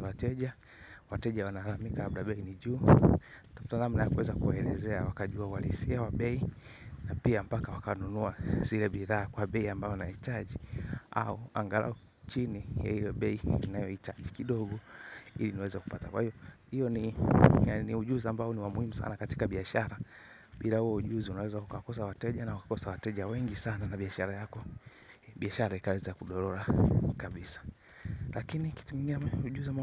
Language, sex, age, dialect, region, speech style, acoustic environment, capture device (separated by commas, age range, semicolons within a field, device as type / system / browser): Swahili, male, 25-34, Tanzania - Dar es Salaam, Tanzania, relaxed; focused, silence, mobile / Linux / Chrome